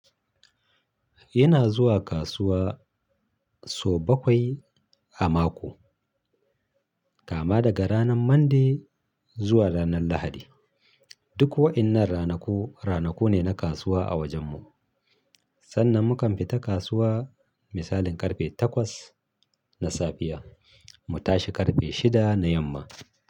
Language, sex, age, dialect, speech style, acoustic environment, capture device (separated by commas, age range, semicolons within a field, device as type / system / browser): Hausa, male, 35-44, Nigeria - Kano, happy, silence, mobile / Linux / Chrome